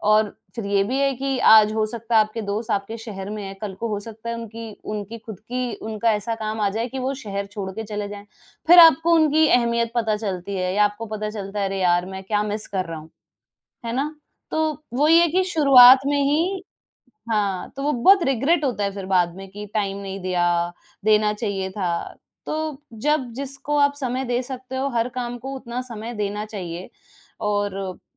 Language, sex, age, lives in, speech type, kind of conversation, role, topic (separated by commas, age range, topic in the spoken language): Hindi, female, 25-29, India, conversation, unstructured, none, आप काम और निजी जीवन में संतुलन कैसे बनाते हैं?